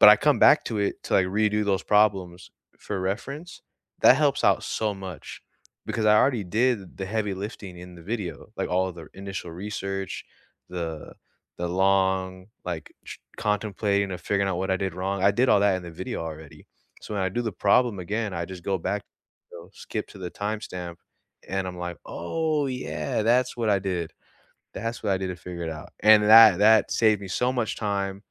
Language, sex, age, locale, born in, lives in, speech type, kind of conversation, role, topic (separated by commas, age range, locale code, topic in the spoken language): English, male, 20-24, en-US, United States, United States, conversation, unstructured, none, How do you learn best—through notes, videos, projects, or conversations—and what makes it click for you?